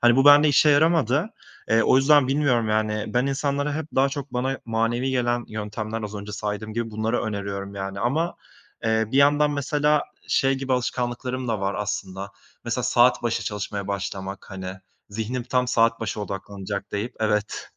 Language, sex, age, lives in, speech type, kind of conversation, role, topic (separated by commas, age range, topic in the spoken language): Turkish, male, 25-29, Italy, conversation, podcast, guest, Zihnini susturup çalışmaya başlamanın püf noktaları neler?